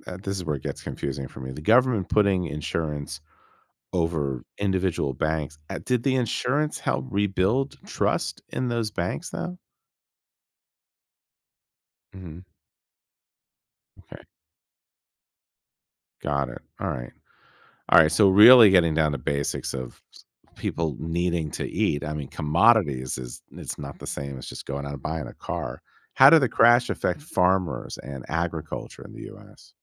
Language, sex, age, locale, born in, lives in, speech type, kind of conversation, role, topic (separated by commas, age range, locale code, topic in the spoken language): English, male, 50-54, en-US, United States, United States, read, expert-assistant, asker, Can you explain how the stock market crash of 1929 affected society?